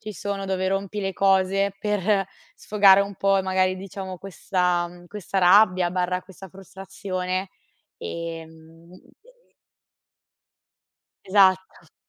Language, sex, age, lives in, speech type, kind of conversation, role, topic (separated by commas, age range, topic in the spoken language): Italian, female, 25-29, Italy, conversation, advice, user, Come posso gestire il sovraccarico di impegni e trovare tempo per le attività importanti?